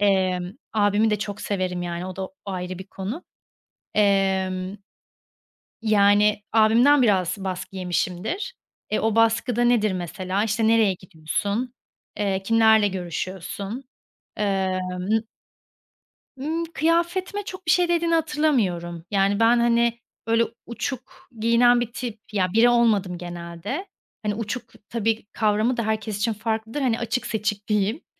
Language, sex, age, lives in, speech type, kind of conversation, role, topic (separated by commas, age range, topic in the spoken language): Turkish, female, 30-34, Spain, conversation, podcast, guest, Ailenin beklentileriyle kendi hedeflerini nasıl dengelersin?